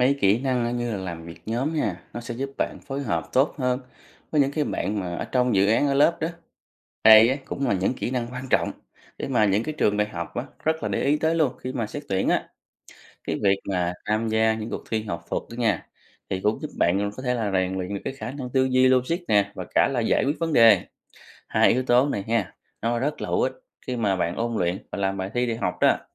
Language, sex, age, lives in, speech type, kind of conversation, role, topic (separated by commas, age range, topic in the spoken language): Vietnamese, male, 30-34, Vietnam, read, expert-assistant, expert, Những lợi ích của việc tham gia các cuộc thi học thuật là gì?